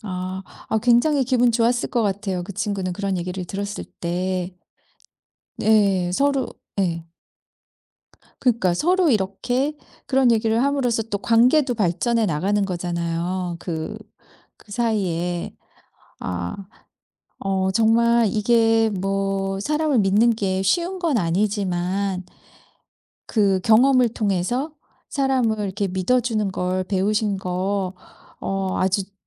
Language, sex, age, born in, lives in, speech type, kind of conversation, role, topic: Korean, female, 50-54, South Korea, United States, conversation, podcast, host, 인생에서 가장 크게 배운 교훈은 무엇인가요?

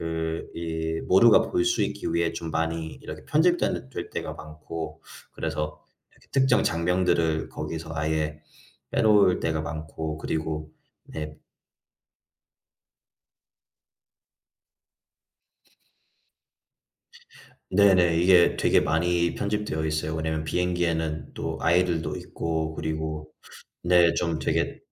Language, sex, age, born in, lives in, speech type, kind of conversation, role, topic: Korean, male, 25-29, United States, United States, conversation, unstructured, none, 영화관에서 보는 것과 집에서 보는 것 중 어느 쪽이 더 좋으신가요?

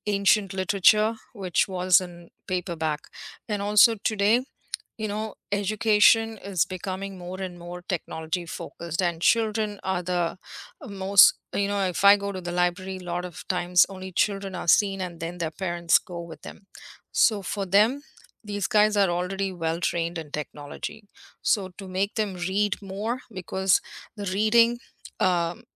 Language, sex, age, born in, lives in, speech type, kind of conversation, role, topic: English, female, 50-54, India, United States, conversation, unstructured, none, Should libraries prioritize digital collections over physical books in the digital age?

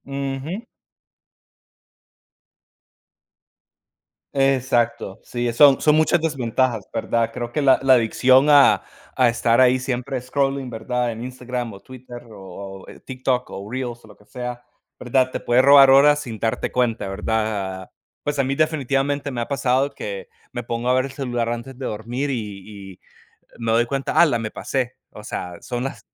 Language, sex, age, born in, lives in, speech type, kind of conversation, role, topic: Spanish, male, 30-34, United States, United States, conversation, unstructured, none, ¿Cómo han afectado los teléfonos inteligentes a tu vida diaria?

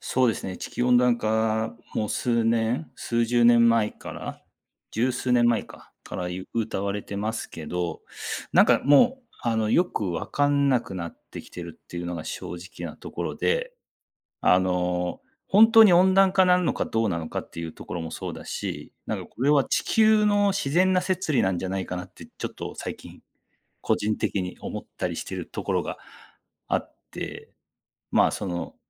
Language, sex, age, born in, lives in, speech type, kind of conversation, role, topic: Japanese, male, 40-44, Japan, Japan, conversation, unstructured, none, 地球温暖化について、どう感じていますか？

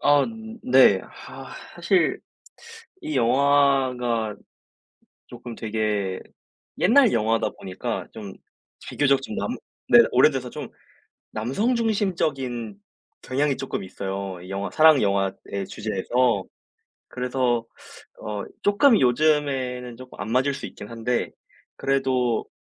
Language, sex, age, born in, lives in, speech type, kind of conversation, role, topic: Korean, male, 20-24, South Korea, South Korea, conversation, unstructured, none, 요즘 가장 재미있게 본 영화는 무엇인가요?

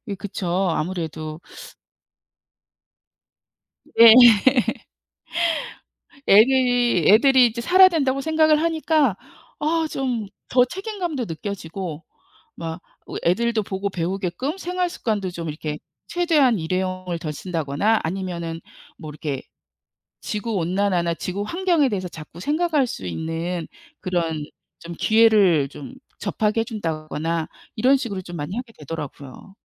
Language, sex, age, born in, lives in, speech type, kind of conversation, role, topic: Korean, female, 55-59, South Korea, United States, conversation, unstructured, none, 지구 온난화를 늦추기 위해 우리가 할 수 있는 일은 무엇일까요?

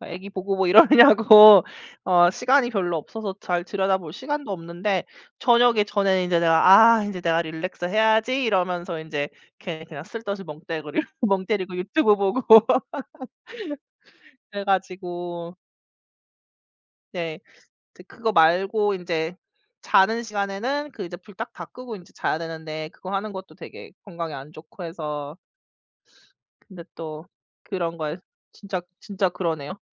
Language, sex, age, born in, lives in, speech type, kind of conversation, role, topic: Korean, female, 35-39, South Korea, United States, conversation, unstructured, none, 일주일 동안 스마트폰 없이 지내면 어떤 변화가 생길까요?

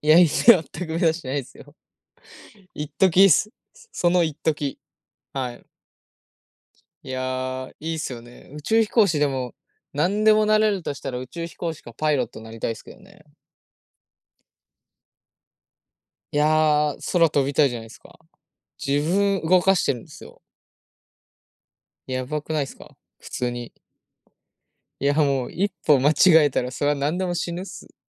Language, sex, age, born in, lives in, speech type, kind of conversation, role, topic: Japanese, male, 20-24, Japan, Japan, conversation, unstructured, none, 宇宙についてご存じのことを教えてください。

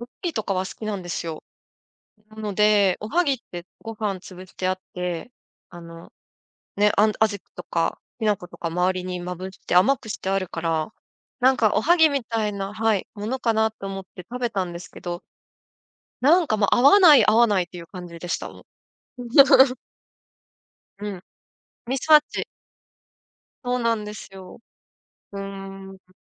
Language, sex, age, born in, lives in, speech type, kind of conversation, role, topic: Japanese, female, 35-39, Japan, Japan, conversation, podcast, guest, 食べ物について驚いたことはありますか？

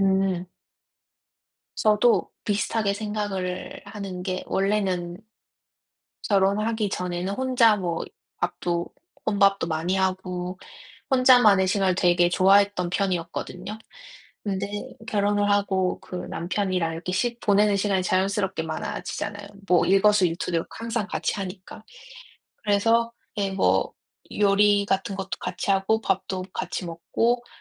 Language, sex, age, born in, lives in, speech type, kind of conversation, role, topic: Korean, female, 30-34, South Korea, United States, conversation, unstructured, none, 함께 있을 때 더 행복해지는 순간은 언제인가요?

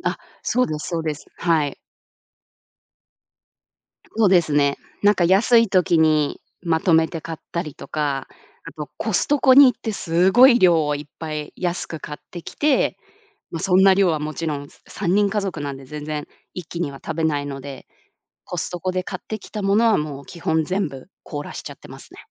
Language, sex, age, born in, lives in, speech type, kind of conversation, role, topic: Japanese, female, 30-34, Japan, United States, conversation, podcast, guest, 夕食の時間、家ではどんな雰囲気ですか？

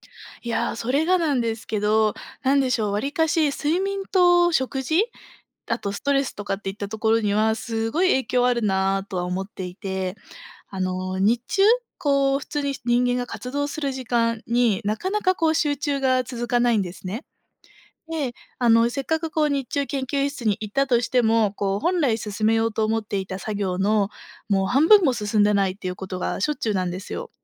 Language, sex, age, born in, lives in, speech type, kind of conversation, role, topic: Japanese, female, 25-29, Japan, Japan, conversation, advice, user, 長時間にわたって集中が続かず、作業をすぐ中断してしまうのはなぜですか？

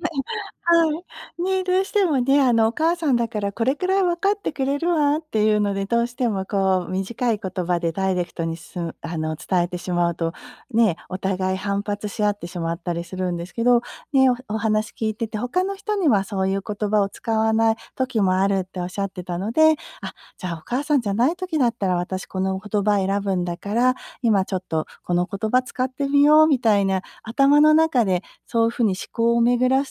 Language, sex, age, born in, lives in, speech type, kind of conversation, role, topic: Japanese, female, 50-54, Japan, United States, conversation, advice, advisor, 家族の集まりで価値観の違いによる意見の対立を、穏やかに解消するにはどうすればよいですか？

